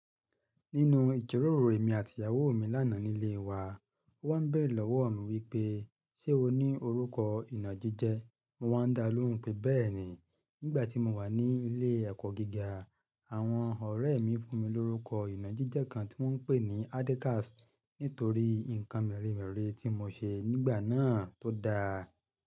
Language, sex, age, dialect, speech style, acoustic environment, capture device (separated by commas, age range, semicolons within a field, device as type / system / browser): Yoruba, male, 35-44, Nigeria - Ibadan, happy; excited; focused; relaxed, nature, mobile / Linux / Chrome